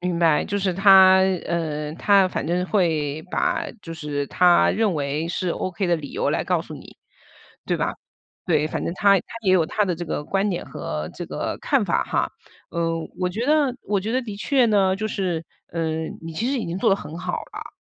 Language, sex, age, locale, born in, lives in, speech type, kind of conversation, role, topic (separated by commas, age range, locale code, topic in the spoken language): Chinese, female, 40-44, zh-CN, China, United States, conversation, advice, advisor, 当一段友情变成你单方面付出并让你感到疲惫时，你该怎么办？